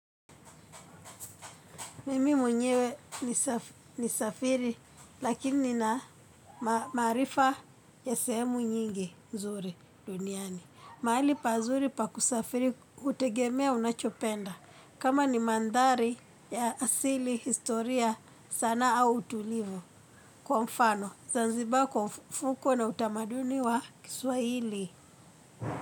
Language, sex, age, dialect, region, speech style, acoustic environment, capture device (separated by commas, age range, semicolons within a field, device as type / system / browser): Swahili, female, 25-34, Kenya - Nairobi Sheng-influenced, Kenya, happy; excited; focused; relaxed, people talking, mobile / Linux / Chrome